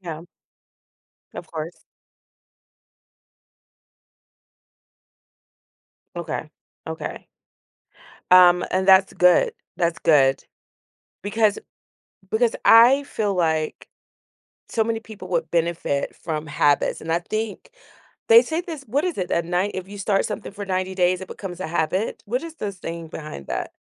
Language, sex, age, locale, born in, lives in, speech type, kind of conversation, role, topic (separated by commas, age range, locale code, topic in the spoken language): English, female, 40-44, en-US, United States, United States, conversation, podcast, host, What habit changed your life for the better?